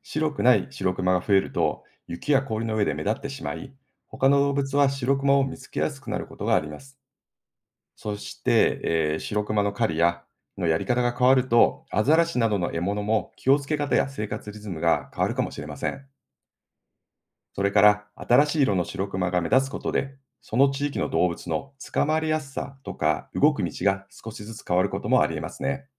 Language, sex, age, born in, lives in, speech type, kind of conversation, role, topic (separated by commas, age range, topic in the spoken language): Japanese, male, 50-54, Japan, Japan, read, expert-assistant, expert, なぜシロクマの毛は白く見えるのか？